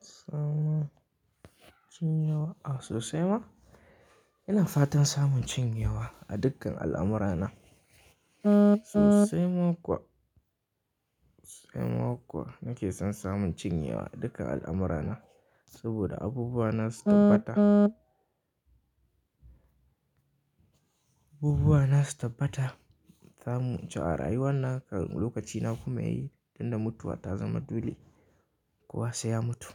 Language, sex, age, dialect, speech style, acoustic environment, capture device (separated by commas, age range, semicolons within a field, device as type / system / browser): Hausa, male, 18-24, Nigeria - Kano, happy, silence, mobile / Linux / Chrome